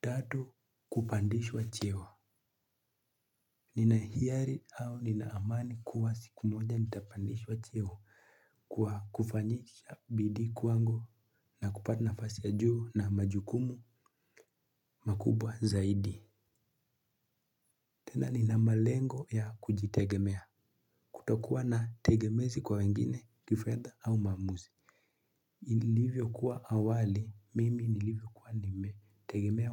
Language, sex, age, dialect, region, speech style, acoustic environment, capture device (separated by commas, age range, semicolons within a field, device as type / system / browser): Swahili, male, 18-24, Kenya - Nairobi Sheng-influenced, Kenya, stressed, silence, mobile / Linux / Chrome